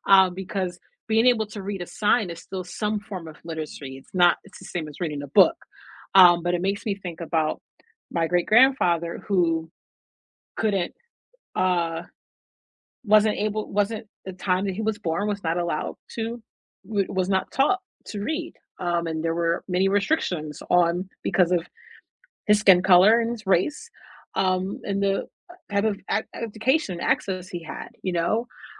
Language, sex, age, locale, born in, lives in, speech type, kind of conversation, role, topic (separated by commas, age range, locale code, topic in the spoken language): English, female, 35-39, en-US, United States, United States, conversation, unstructured, none, What learning format helps you learn best—videos, books, or hands-on projects?